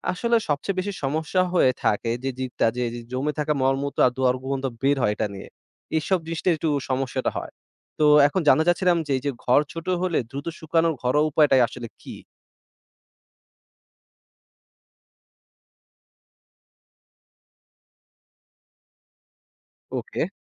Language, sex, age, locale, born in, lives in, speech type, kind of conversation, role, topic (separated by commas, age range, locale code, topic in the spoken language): Bengali, male, 20-24, bn-BD, Bangladesh, Bangladesh, read, expert-assistant, asker, গরু-ছাগল পালনের কাজগুলো সহজে ও দ্রুত করার জন্য কোন কোন ঘরোয়া কৌশল বা সরঞ্জাম সবচেয়ে কাজে লাগে?